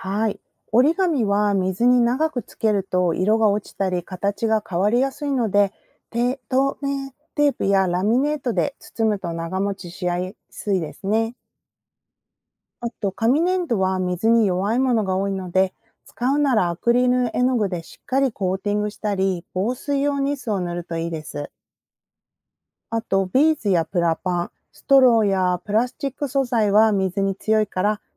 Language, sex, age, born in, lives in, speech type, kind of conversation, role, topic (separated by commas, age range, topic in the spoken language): Japanese, female, 40-44, Japan, United States, read, expert-assistant, expert, ペットボトルで作る簡単な水族館の作り方を説明してください